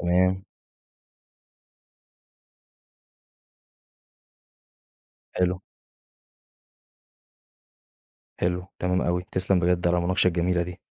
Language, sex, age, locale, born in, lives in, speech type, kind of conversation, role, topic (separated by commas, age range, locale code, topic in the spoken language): Arabic, male, 20-24, ar-EG, Egypt, Egypt, read, expert-assistant, asker, هل التكنولوجيا زي تطبيقات المتابعة الصحية فعلاً بتحسن رعاية المرضى ولا فيها مخاطر أو عيوب؟